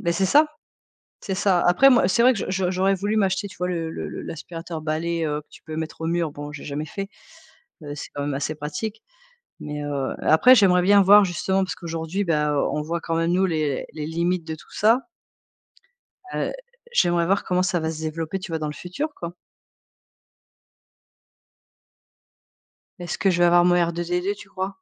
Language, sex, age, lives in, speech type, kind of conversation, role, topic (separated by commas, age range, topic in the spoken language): French, female, 45-49, France, conversation, unstructured, none, Si vous pouviez passer une journée dans le futur, qu’aimeriez-vous y découvrir ?